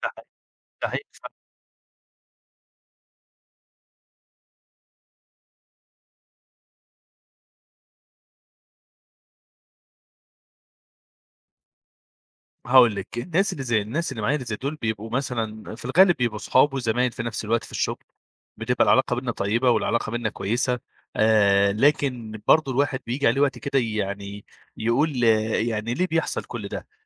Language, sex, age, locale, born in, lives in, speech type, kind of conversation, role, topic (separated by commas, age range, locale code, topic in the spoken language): Arabic, male, 25-29, ar-EG, Egypt, Egypt, conversation, advice, user, إيه هي الخلافات السياسية أو القيم الاجتماعية اللي بتعمل توتر متكرر في المجموعة؟